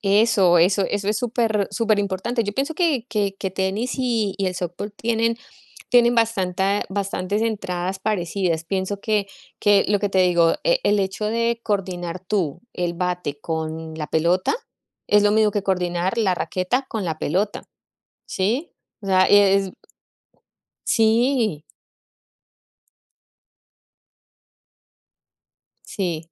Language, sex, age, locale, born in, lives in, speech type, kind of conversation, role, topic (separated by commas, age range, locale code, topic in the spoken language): Spanish, female, 40-44, es-CO, Colombia, United States, conversation, unstructured, none, ¿Cómo cambia el ejercicio tu estado de ánimo?